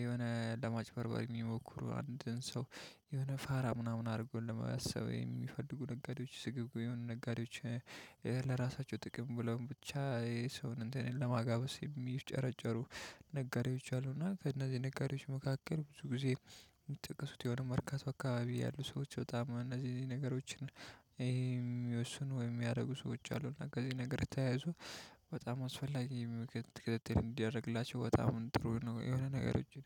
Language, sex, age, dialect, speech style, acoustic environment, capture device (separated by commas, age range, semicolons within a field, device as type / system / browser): Amharic, male, 25-34, Ethiopia - Addis Ababa, relaxed, silence, mobile / Linux / Chrome